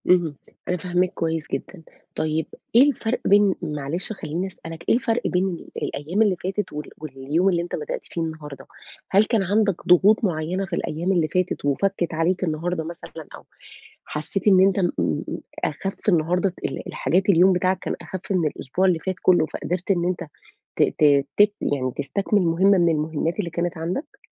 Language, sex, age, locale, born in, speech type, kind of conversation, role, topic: Arabic, female, 35-39, ar-EG, Saudi Arabia, conversation, advice, advisor, إزاي أبطل تسويف وأكمّل المشاريع اللي ببدأها بدل ما أفضّل أبدأ حاجات جديدة كل شوية؟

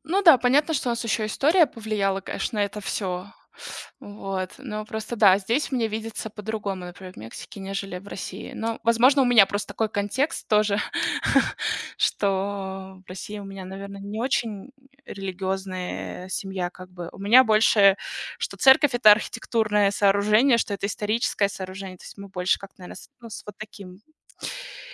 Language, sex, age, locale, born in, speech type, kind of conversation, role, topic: Russian, female, 30-34, ru-RU, Russia, conversation, unstructured, none, Какие традиции вашего сообщества вам нравятся больше всего?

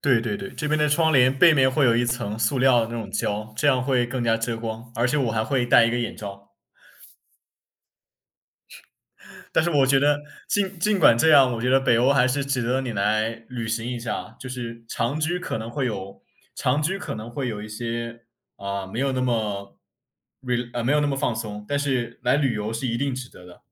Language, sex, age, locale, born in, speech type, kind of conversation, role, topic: Chinese, male, 20-24, zh-CN, China, conversation, unstructured, none, 你觉得旅行会改变一个人的生活吗？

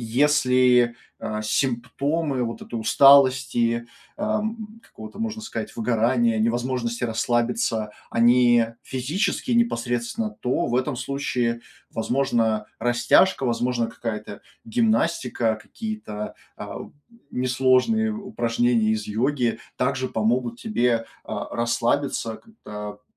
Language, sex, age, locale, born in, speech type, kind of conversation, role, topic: Russian, male, 25-29, ru-RU, Russia, conversation, advice, advisor, Почему мне трудно расслабиться дома и полноценно отдохнуть, даже когда у меня есть свободное время?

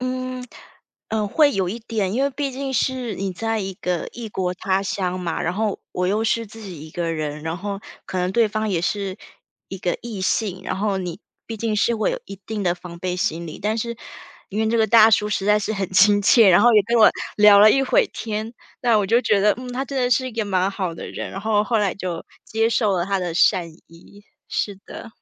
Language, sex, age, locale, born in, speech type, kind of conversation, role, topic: Chinese, female, 35-39, zh-TW, Taiwan, conversation, podcast, guest, 你在本地有没有遇到过热心的陌生人？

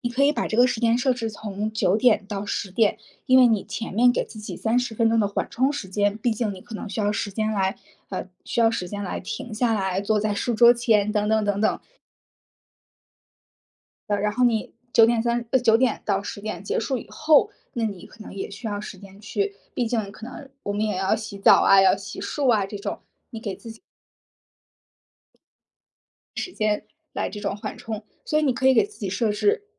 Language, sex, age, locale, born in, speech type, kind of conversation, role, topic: Chinese, female, 30-34, zh-CN, China, conversation, advice, advisor, 工作和家庭挤占创意时间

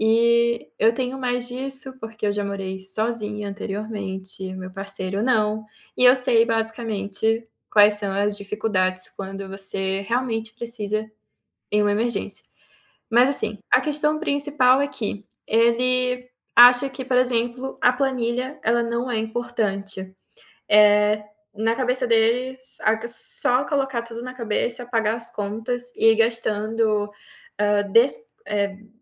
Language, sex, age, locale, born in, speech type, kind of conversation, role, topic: Portuguese, female, 25-29, pt-BR, Brazil, conversation, advice, user, Como lidar com uma briga com seu parceiro sobre prioridades financeiras e a divisão de gastos?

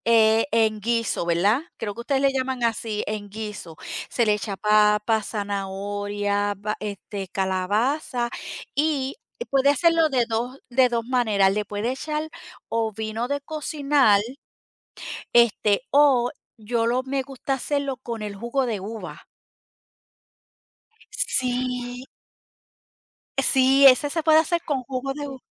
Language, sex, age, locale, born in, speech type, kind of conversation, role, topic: Spanish, female, 50-54, es-DO, Puerto Rico, conversation, unstructured, none, ¿Qué comida te hace sentir más feliz al comerla?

